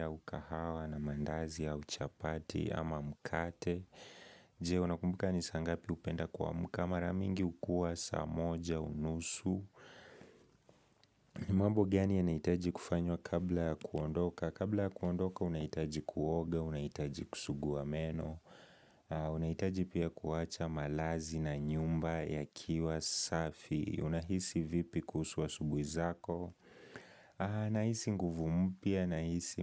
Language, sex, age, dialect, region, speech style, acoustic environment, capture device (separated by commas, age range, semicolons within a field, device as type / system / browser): Swahili, male, 18-24, Kenya - Nairobi Sheng-influenced, Kenya, focused, people talking; nature, mobile / Linux / Chrome